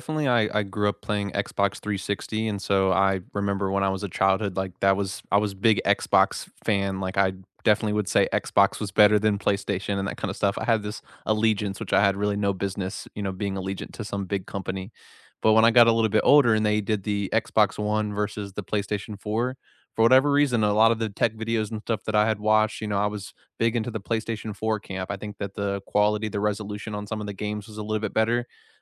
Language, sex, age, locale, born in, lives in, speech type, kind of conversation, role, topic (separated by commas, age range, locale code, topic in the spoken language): English, male, 55-59, en-US, United States, United States, conversation, unstructured, none, When you switched tech platforms—phones, consoles, or apps—what did you miss, what did you gain, and how did it change your everyday life?